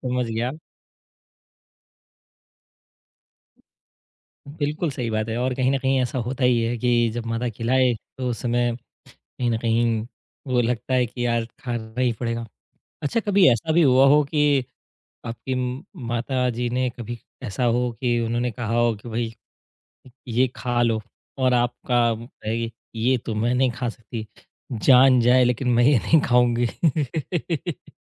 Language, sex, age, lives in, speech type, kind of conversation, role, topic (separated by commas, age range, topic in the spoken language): Hindi, male, 30-34, India, conversation, podcast, host, माँ के हाथ का खाना आपके लिए इतना खास क्यों है?